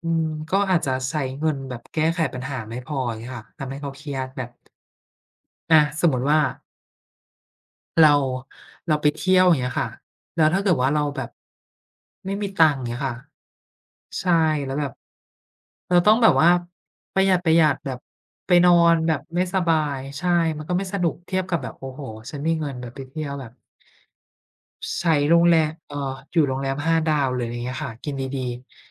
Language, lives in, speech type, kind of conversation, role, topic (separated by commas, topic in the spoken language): Thai, Thailand, conversation, unstructured, none, คุณคิดว่าเงินมีความสำคัญต่อความสุขมากแค่ไหน?